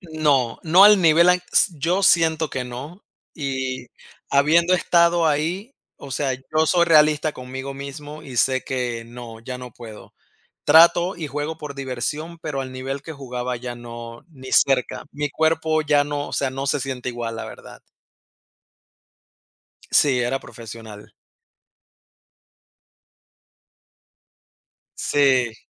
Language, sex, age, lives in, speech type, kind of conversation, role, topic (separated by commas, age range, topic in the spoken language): Spanish, male, 30-34, United States, conversation, unstructured, none, ¿Te preocupa que la falta de ejercicio pueda causar enfermedades?